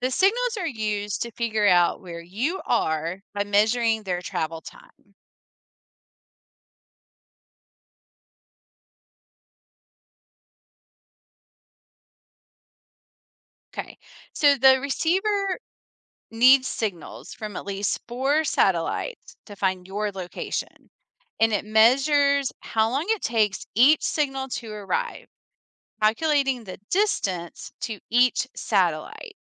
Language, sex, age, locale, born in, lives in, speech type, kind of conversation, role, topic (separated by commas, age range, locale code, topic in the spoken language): English, female, 45-49, en-US, United States, United States, read, expert-assistant, expert, How does a GPS work to help with navigation?